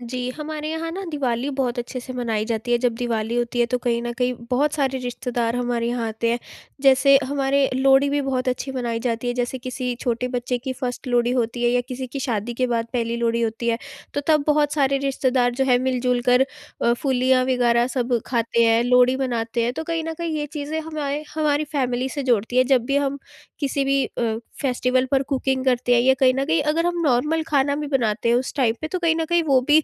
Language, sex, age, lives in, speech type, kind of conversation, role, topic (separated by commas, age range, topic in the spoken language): Hindi, female, 18-19, India, conversation, podcast, guest, Festival ke khane mein apnapan aur parampara kaise milte hain?